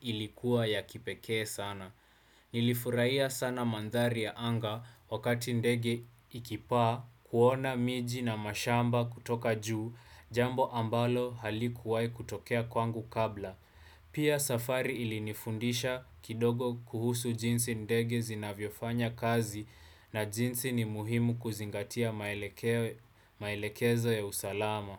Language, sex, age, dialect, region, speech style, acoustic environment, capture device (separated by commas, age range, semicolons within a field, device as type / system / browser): Swahili, male, 18-24, Kenya - Nairobi Sheng-influenced, Kenya, relaxed, appliances, desktop / Windows / Chrome